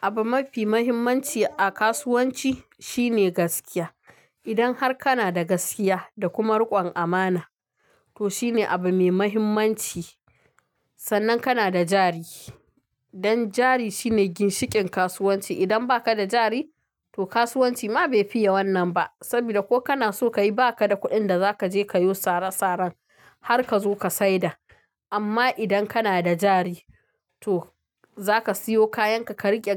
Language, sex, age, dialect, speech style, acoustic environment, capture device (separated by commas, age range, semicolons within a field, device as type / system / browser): Hausa, female, 25-34, Nigeria - Kano, happy, traffic, mobile / Linux / Chrome